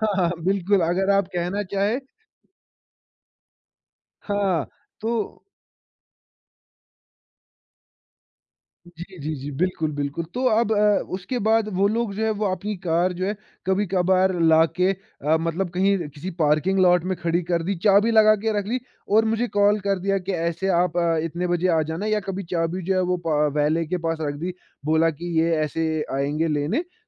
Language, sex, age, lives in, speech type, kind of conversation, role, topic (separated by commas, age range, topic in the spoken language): Hindi, male, 20-24, India, conversation, podcast, guest, Side hustle shuru karne ka idea aapko kahan se aaya?